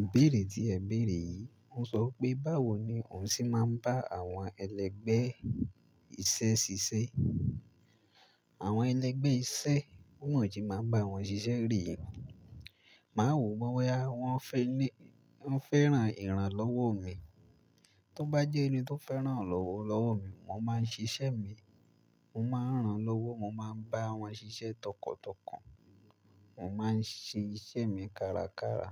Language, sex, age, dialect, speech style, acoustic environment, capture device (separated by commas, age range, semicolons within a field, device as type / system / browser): Yoruba, male, 18-24, Nigeria - Ibadan, relaxed, nature, mobile / Linux / Chrome